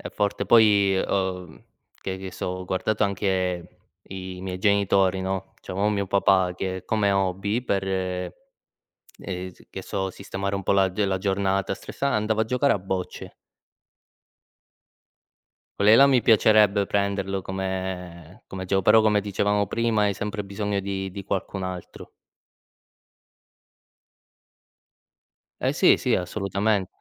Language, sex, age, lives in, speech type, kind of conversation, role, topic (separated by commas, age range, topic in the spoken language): Italian, male, 25-29, Italy, conversation, unstructured, none, Qual è il tuo hobby preferito per rilassarti dopo una giornata stressante?